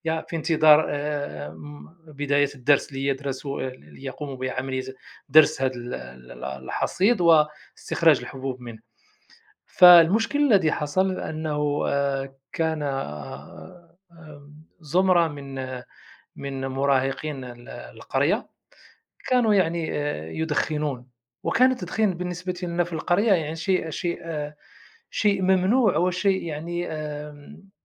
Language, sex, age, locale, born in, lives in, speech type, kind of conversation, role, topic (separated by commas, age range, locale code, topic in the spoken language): Arabic, male, 45-49, ar-MA, Morocco, Germany, conversation, unstructured, none, إيه رأيك في حرائق الغابات وتأثيرها على الكوكب؟